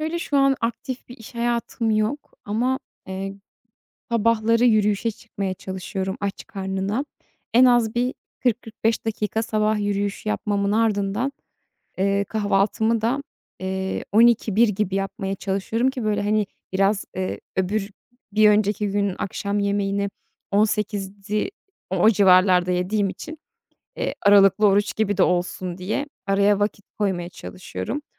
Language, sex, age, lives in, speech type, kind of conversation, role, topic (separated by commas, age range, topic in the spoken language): Turkish, female, 30-34, Netherlands, conversation, advice, user, Farklı diyet önerileri arasında kalıp karar veremediğimde nasıl bir yol izlemeliyim?